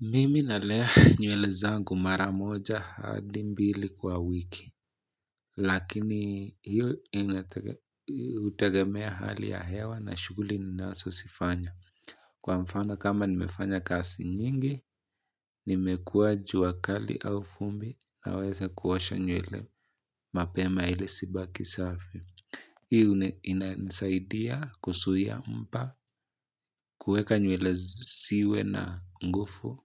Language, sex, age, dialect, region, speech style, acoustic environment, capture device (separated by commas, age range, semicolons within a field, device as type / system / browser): Swahili, male, 25-34, Kenya - Nairobi Sheng-influenced, Kenya, happy; focused; relaxed, people talking; appliances; silence, mobile / Linux / Chrome